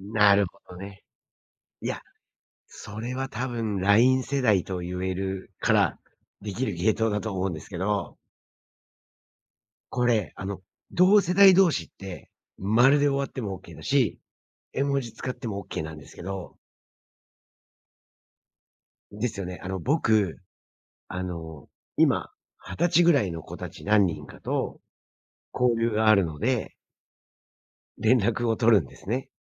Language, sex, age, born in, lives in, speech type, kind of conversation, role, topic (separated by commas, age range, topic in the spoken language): Japanese, male, 45-49, Japan, United States, conversation, podcast, host, 絵文字やスタンプを上手に使うコツは何ですか？